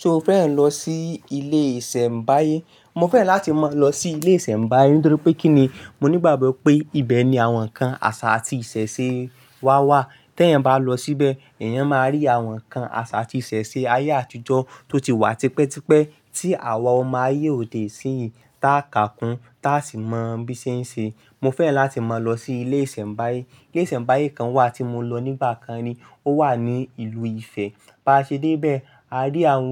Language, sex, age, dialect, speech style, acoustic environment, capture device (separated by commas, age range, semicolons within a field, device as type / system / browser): Yoruba, male, 25-34, Nigeria - Ibadan, happy, nature, mobile / Linux / Chrome